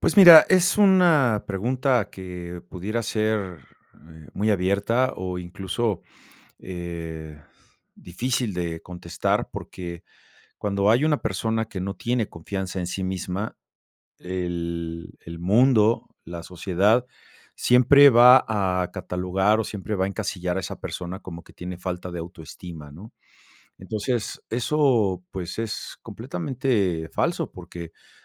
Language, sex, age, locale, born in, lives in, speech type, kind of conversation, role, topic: Spanish, male, 50-54, es-MX, Mexico, Mexico, conversation, podcast, guest, ¿Qué consejos le darías a alguien que busca ganar más confianza en sí mismo?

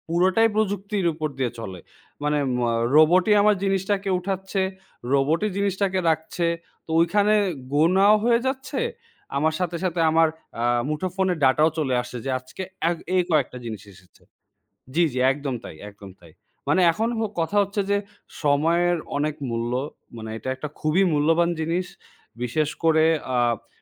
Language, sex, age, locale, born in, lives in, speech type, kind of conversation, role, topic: Bengali, male, 20-24, bn-BD, Bangladesh, Bangladesh, conversation, podcast, guest, কাজ ও ব্যক্তিগত জীবন আলাদা রাখতে আপনি প্রযুক্তি কীভাবে ব্যবহার করেন?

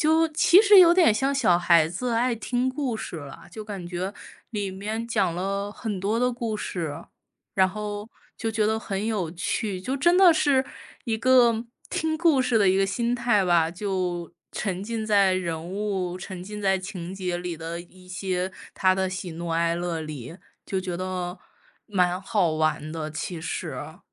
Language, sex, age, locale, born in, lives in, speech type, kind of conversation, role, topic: Chinese, female, 30-34, zh-CN, China, United States, conversation, podcast, guest, 能跟我说说哪首歌最像你的青春记忆？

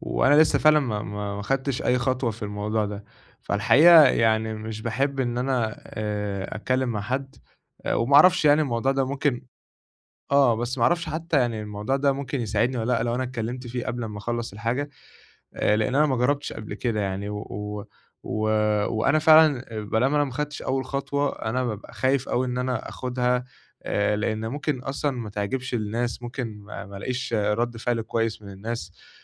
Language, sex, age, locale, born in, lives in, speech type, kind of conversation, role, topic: Arabic, male, 20-24, ar-EG, Egypt, Egypt, conversation, advice, user, ازاي أواجه خوف الفشل وابدأ مشروع رغم ترددي؟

